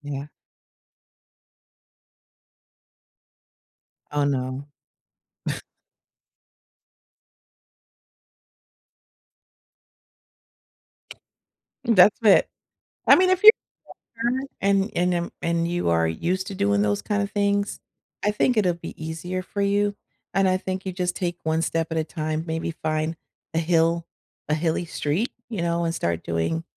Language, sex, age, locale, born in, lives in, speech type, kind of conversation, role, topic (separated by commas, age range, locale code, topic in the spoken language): English, female, 50-54, en-US, United States, United States, conversation, unstructured, none, Where would you take your friends first if they visited tomorrow?